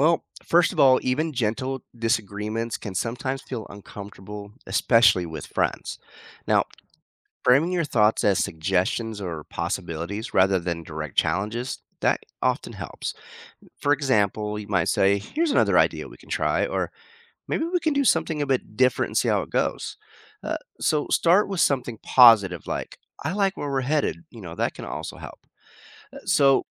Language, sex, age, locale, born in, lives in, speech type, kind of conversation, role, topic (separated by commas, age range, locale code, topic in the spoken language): English, male, 45-49, en-US, United States, United States, read, expert-assistant, expert, Why do people conform to group behavior?